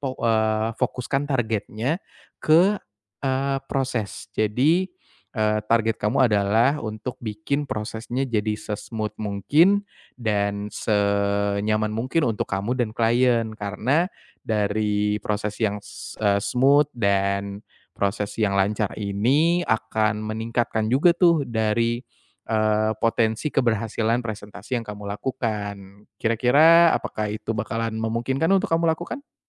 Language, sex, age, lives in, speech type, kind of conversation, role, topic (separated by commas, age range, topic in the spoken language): Indonesian, male, 25-29, Indonesia, conversation, advice, advisor, Bagaimana cara mengatasi rasa gugup sebelum presentasi atau diskusi kelompok?